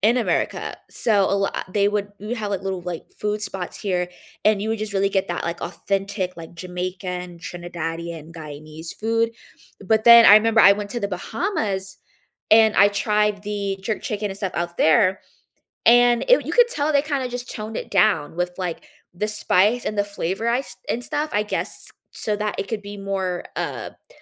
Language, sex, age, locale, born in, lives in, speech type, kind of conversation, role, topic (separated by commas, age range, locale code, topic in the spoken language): English, female, 20-24, en-US, United States, United States, conversation, unstructured, none, Which hobbies taught you surprising real-world skills beyond class, and how did they shape your life?